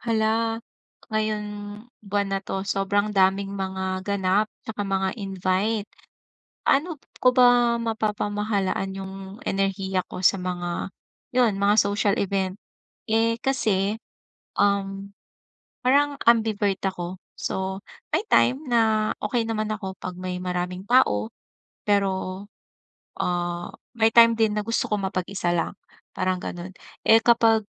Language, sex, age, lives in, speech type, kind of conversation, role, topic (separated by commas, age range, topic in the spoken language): Filipino, female, 40-44, Philippines, conversation, advice, user, Paano ko pamamahalaan ang enerhiya ko sa mga gawaing panlipunan?